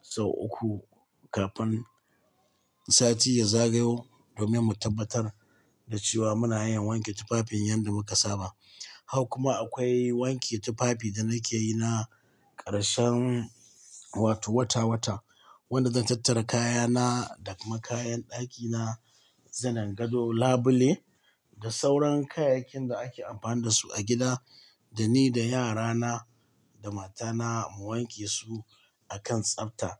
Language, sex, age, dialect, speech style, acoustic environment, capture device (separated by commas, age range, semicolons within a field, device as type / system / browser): Hausa, male, 45-54, Nigeria - Katsina, happy; excited; focused, people talking; nature, mobile / Linux / Chrome